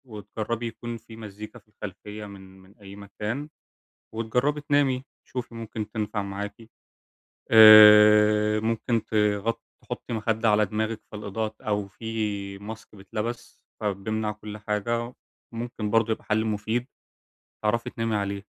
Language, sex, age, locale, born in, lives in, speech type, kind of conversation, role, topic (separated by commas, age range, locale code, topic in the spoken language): Arabic, male, 20-24, ar-EG, Egypt, Germany, conversation, advice, advisor, إزاي أقدر أعمل طقوس بسيطة بالليل تقلل توتري قبل ما أنام؟